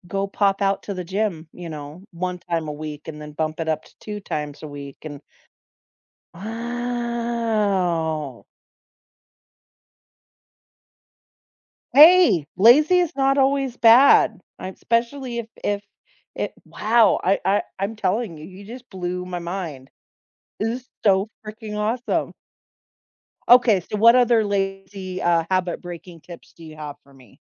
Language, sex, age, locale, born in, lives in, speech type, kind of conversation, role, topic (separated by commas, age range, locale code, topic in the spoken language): English, female, 40-44, en-US, United States, United States, conversation, unstructured, none, Why do some habits feel so difficult to change, even when we want to?